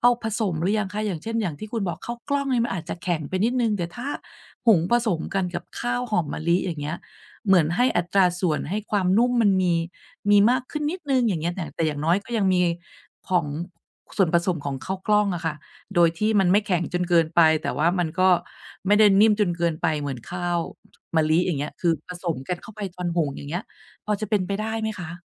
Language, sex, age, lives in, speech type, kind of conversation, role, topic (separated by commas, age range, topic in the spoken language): Thai, female, 45-49, Thailand, conversation, advice, advisor, จะทำอย่างไรดีเมื่อสมาชิกในบ้านไม่ชอบอาหารเพื่อสุขภาพจนจัดเมนูร่วมกันได้ยาก?